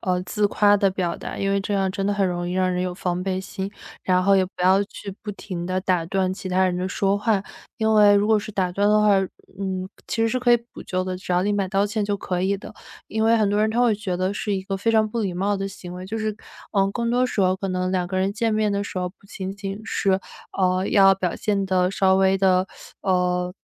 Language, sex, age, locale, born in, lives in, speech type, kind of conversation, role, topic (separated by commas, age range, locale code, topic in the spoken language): Chinese, female, 25-29, zh-CN, China, United States, conversation, podcast, guest, 初次见面时，你通常如何快速建立信任并拉近彼此距离？